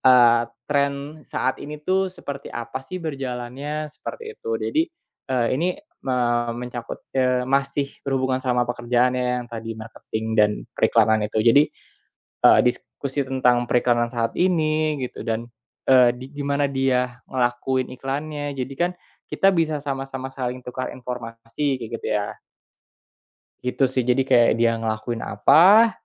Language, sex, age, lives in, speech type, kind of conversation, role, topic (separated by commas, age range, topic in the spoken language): Indonesian, male, 25-29, Indonesia, conversation, podcast, guest, Apa peran teman atau mentor saat kamu mencoba belajar ulang?